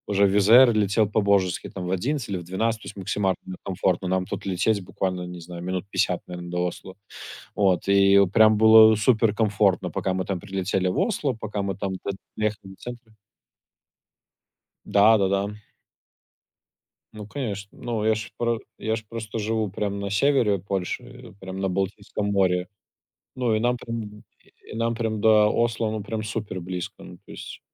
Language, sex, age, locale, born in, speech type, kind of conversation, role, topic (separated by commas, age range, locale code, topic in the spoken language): Russian, male, 25-29, ru-RU, Belarus, conversation, unstructured, none, Что тебя больше всего пугает в путешествиях?